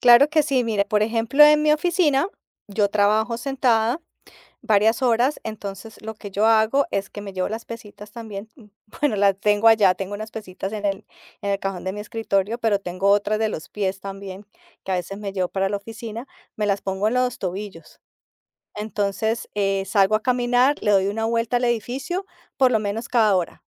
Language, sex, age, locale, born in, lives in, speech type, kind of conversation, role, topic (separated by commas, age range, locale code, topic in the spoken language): Spanish, female, 50-54, es-CO, Colombia, United States, conversation, podcast, guest, Oye, ¿qué estrategias usas para mantenerte activo sin ir al gimnasio?